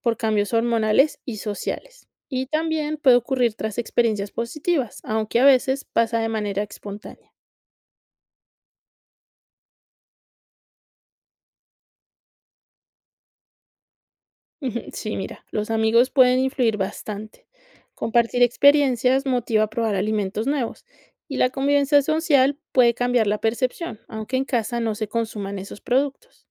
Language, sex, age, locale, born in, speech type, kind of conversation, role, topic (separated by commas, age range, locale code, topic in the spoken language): Spanish, female, 30-34, es-CO, Colombia, read, expert-assistant, expert, ¿Por qué algunas personas pueden comer cosas que otras no soportan? ¿Hay una razón evolutiva para eso?